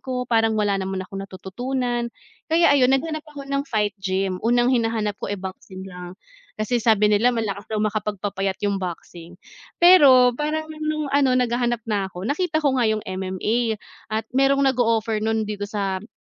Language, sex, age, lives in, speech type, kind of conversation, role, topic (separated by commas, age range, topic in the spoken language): Filipino, female, 30-34, Philippines, conversation, unstructured, none, Anong isport ang pinakanagpapasaya sa’yo?